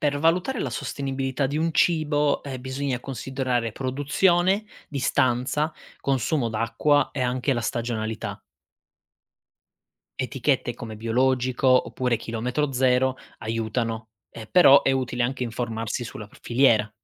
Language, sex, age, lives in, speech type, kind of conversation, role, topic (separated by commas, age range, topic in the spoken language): Italian, male, 25-29, Italy, read, expert-assistant, expert, Perché in alcuni paesi comprare cibo locale è visto come fondamentale, mentre in altri si preferisce il prodotto importato?